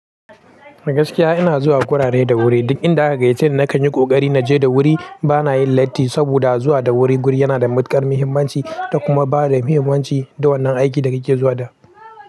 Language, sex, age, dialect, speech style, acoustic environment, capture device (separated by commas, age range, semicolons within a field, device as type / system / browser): Hausa, male, 18-24, Nigeria - Pidgin-influenced, happy, people talking, mobile / Linux / Chrome